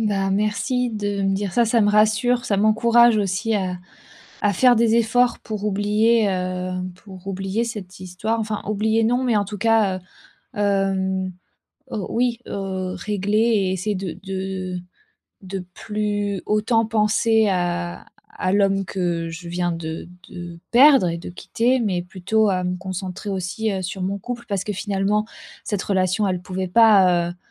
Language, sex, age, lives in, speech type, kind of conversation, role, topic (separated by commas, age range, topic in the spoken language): French, female, 30-34, France, conversation, advice, user, Comment surmonter la douleur d’une rupture récente quand on n’arrive plus à dormir ?